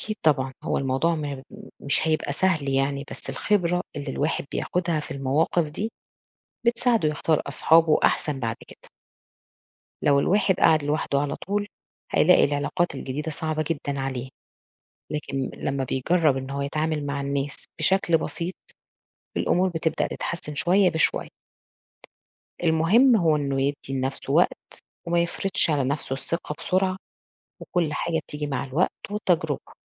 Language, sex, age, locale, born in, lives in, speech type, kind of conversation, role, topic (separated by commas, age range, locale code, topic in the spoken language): Arabic, female, 40-44, ar-EG, Egypt, Portugal, read, expert-assistant, expert, ما دور الصدق في بناء الثقة بين الأصدقاء؟